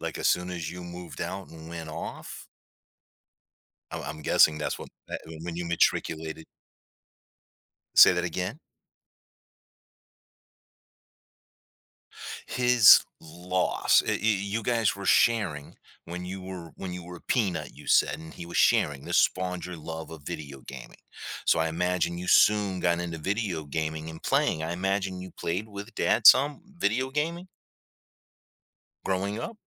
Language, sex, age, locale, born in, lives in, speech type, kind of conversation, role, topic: English, male, 55-59, en-US, United States, United States, conversation, podcast, host, How do you create a relaxing atmosphere at home and why does it matter to you?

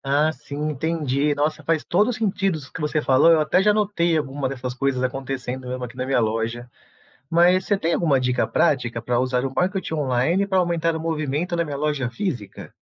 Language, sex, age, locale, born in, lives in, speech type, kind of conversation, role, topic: Portuguese, male, 35-39, pt-BR, Brazil, Portugal, read, expert-assistant, asker, Como posso descobrir quais técnicas de marketing funcionam melhor para o meu negócio?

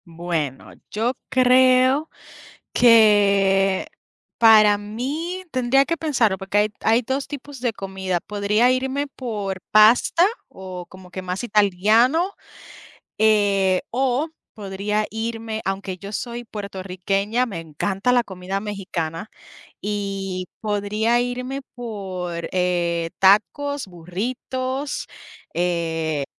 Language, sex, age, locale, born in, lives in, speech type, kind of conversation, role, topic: Spanish, female, 30-34, es-US, Puerto Rico, United States, conversation, unstructured, none, ¿Cuál es tu comida favorita para un día especial?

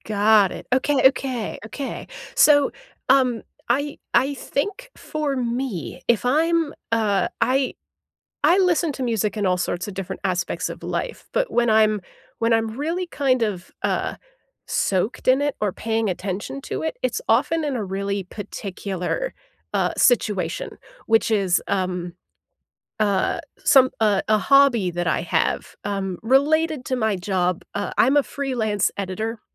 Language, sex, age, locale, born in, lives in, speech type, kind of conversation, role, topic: English, female, 30-34, en-US, United States, United States, conversation, unstructured, none, What's the last song you replayed, and why did it stick with you?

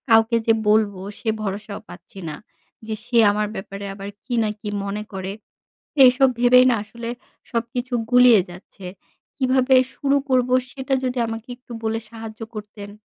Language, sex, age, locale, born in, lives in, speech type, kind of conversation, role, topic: Bengali, female, 25-29, bn-BD, Bangladesh, Bangladesh, conversation, advice, user, আমি কীভাবে সত্যিকারের দায় স্বীকার করে আন্তরিকভাবে ক্ষমা চাইতে পারি?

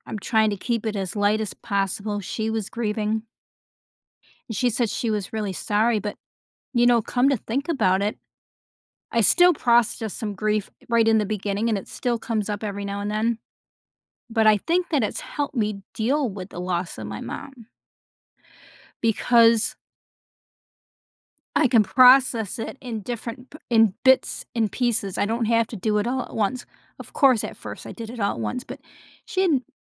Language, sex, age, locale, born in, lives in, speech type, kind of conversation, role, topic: English, female, 55-59, en-US, United States, United States, conversation, podcast, guest, How do people find comfort and healing after experiencing loss?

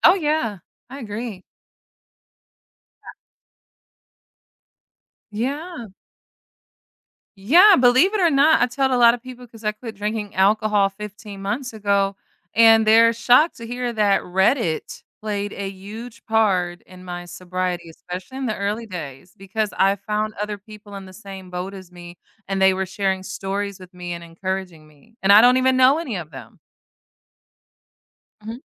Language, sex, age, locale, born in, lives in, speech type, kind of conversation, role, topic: English, female, 30-34, en-US, United States, United States, conversation, unstructured, none, How do you decide when to give in or stand your ground?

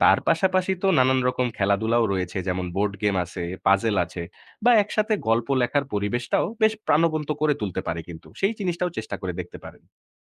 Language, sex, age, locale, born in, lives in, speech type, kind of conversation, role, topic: Bengali, male, 20-24, bn-BD, Bangladesh, Bangladesh, read, expert-assistant, expert, নতুন স্কুলের নিয়মে পরিবার সহজে মানিয়ে নিতে কী করা উচিত?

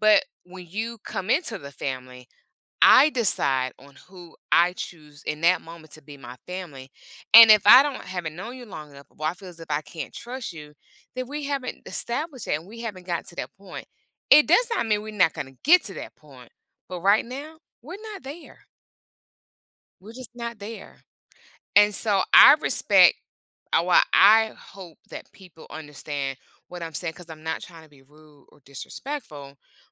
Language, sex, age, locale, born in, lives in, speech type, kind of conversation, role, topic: English, female, 45-49, en-US, United States, United States, conversation, podcast, guest, What helps friendships form and last through different stages of life?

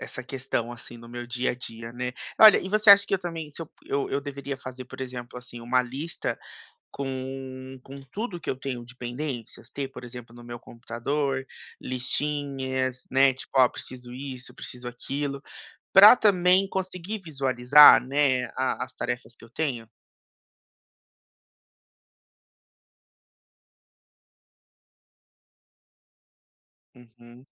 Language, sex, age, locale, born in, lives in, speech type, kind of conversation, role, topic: Portuguese, male, 30-34, pt-BR, Brazil, United States, conversation, advice, user, Como vencer a procrastinação com pequenos passos?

